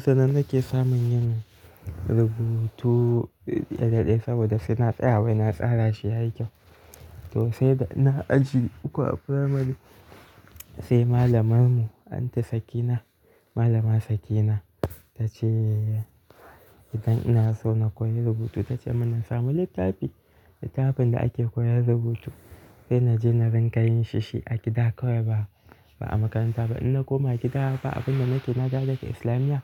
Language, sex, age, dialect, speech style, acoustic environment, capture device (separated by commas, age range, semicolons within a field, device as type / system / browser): Hausa, male, 18-24, Nigeria - Kano, relaxed; focused; happy, nature; silence, mobile / Linux / Chrome